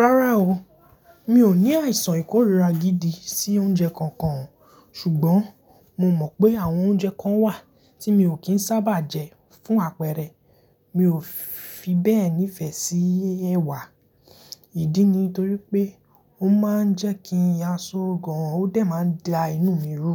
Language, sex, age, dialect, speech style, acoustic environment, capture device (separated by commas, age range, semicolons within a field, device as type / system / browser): Yoruba, male, 18-24, Nigeria - Lagos Yoruba, happy; excited; focused, silence, mobile / Linux / Chrome